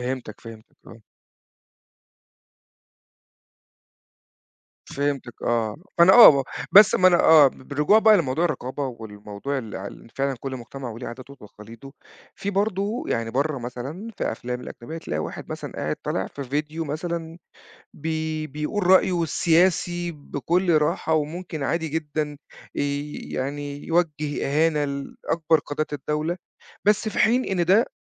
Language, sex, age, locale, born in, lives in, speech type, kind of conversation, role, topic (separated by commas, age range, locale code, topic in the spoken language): Arabic, male, 40-44, ar-EG, Egypt, Portugal, conversation, podcast, host, إنت شايف رقابة المحتوى بتأثر إزاي على الإبداع؟